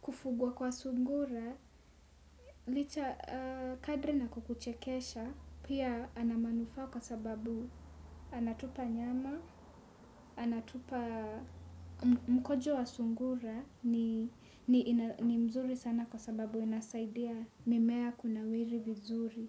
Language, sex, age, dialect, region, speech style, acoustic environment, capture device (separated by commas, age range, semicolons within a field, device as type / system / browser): Swahili, female, 18-24, Kenya - Nairobi Sheng-influenced, Kenya, relaxed; focused, nature; traffic; silence, desktop / Windows / Chrome